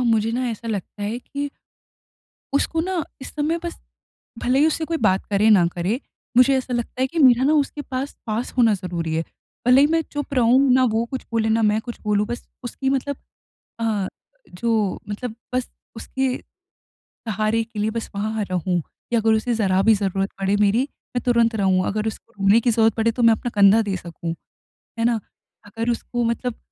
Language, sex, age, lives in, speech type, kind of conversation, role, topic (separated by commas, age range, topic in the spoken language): Hindi, female, 20-24, India, conversation, advice, user, मैं सहानुभूति दिखाते हुए अपने दोस्त की मदद कैसे करूँ?